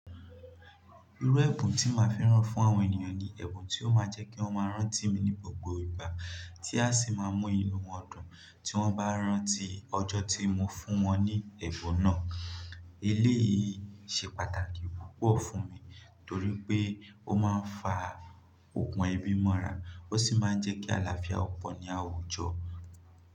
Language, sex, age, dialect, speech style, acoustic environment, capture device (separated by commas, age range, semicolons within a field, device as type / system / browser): Yoruba, male, 18-24, Nigeria - Nigerian Standard, nervous, nature, mobile / Linux / Chrome